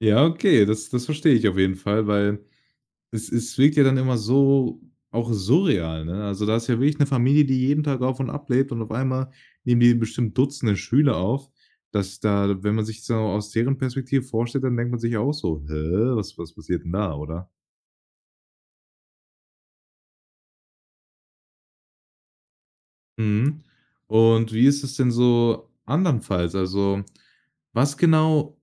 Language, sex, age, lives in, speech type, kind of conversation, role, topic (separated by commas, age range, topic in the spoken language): German, male, 18-19, Germany, conversation, podcast, host, Welche Reise ist bei dir komplett anders verlaufen als gedacht, und was ist passiert?